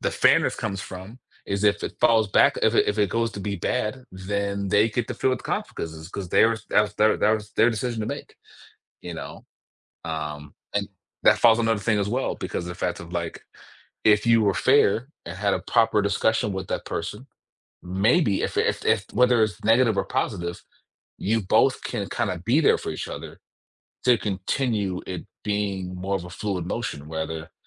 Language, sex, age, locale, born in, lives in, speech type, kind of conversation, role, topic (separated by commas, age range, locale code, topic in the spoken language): English, male, 35-39, en-US, Germany, United States, conversation, podcast, guest, How do our personal experiences shape what we see as fair in everyday life?